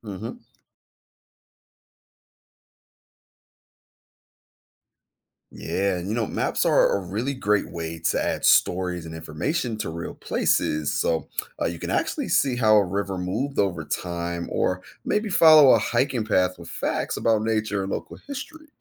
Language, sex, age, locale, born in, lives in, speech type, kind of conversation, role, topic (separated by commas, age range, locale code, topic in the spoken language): English, male, 30-34, en-US, United States, United States, read, expert-assistant, expert, How do maps help people understand the world?